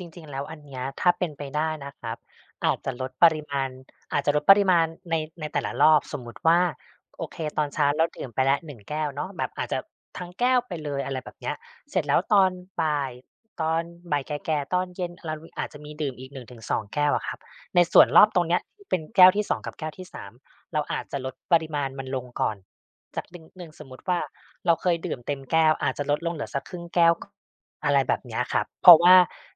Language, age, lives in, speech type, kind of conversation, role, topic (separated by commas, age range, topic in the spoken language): Thai, 35-39, Thailand, conversation, advice, advisor, ตื่นมาไม่สดชื่นทั้งที่นอนนานพอ ทำอย่างไรดี?